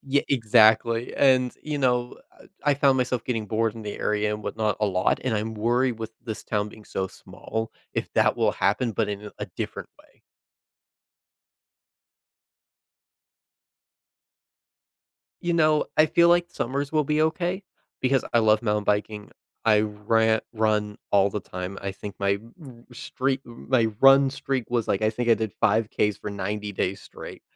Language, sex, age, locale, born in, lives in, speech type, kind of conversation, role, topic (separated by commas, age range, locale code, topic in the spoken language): English, male, 20-24, en-US, United States, United States, conversation, advice, user, How can I make friends after moving?